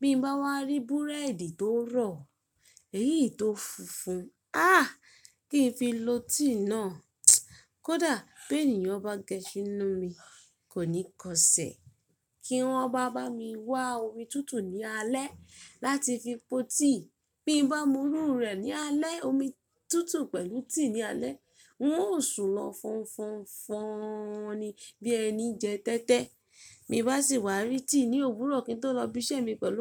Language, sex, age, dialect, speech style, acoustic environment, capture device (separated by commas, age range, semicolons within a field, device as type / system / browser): Yoruba, female, 25-34, Nigeria - Ibadan, relaxed, nature, mobile / Linux / Chrome